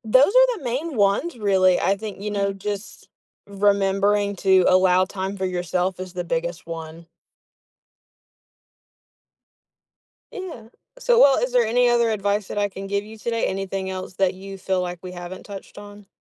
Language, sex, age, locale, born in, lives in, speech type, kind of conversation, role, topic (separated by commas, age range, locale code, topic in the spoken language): English, female, 20-24, en-US, United States, United States, conversation, advice, advisor, How can I balance multiple priorities without feeling overwhelmed?